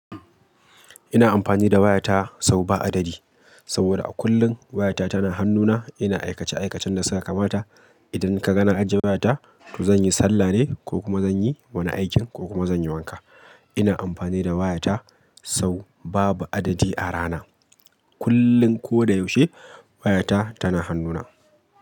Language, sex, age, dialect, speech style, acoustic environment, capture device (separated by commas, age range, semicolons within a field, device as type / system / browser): Hausa, male, 25-34, Nigeria - Kano, happy; focused; excited, people talking; appliances; nature, mobile / Linux / Chrome